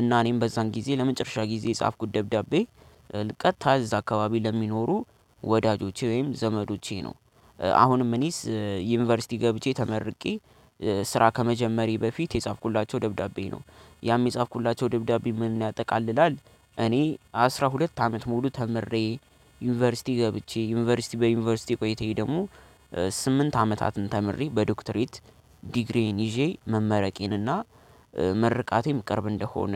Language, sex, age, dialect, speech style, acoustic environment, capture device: Amharic, male, 18-24, Ethiopia - Addis Ababa, happy, people talking; nature, desktop / Linux / Chrome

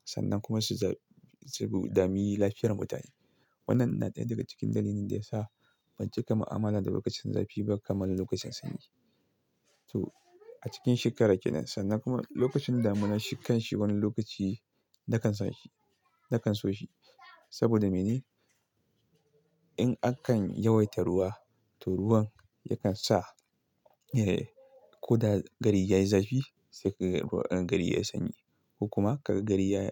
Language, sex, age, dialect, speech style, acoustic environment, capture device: Hausa, male, 25-34, Nigeria - Kano, happy, silence, desktop / Linux / Chrome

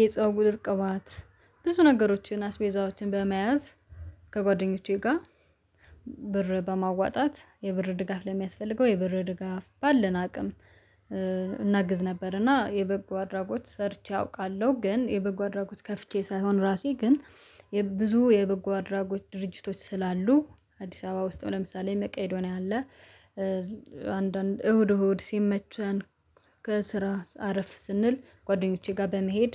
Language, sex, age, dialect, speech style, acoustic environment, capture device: Amharic, female, 25-34, Ethiopia - Addis Ababa, happy, nature, mobile / Linux / Chrome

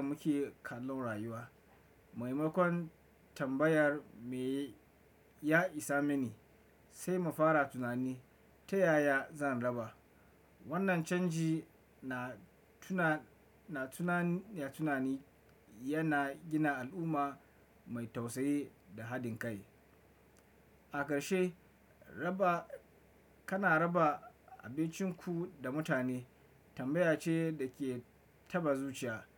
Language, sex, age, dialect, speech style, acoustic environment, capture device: Hausa, male, 25-34, Nigeria - Kano, relaxed; focused, nature, desktop / Windows / Chrome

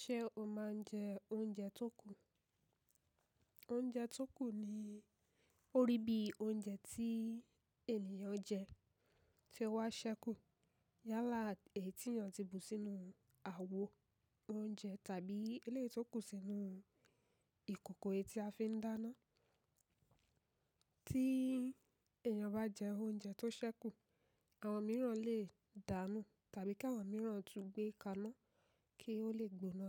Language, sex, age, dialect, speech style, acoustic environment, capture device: Yoruba, female, 25-34, Nigeria - Ibadan, relaxed; focused, silence; nature, mobile / macOS / Safari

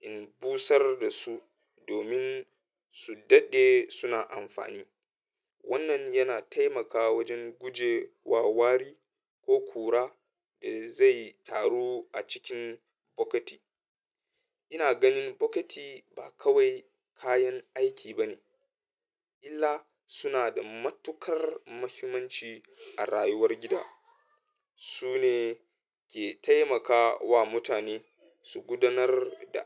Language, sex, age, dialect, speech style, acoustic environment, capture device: Hausa, male, 25-34, Nigeria - Katsina, happy; anxious; nervous, people talking; traffic; music; appliances, mobile / Linux / Chrome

